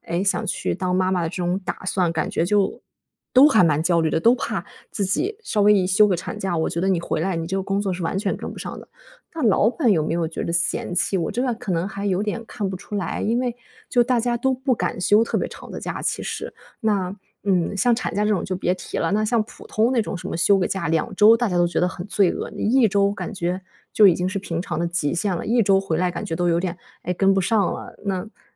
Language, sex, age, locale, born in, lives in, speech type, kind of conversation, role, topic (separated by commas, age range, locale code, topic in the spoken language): Chinese, female, 25-29, zh-CN, China, Germany, conversation, advice, user, 我担心休息一段时间会落后或被替代，该如何缓解对职业前途的焦虑？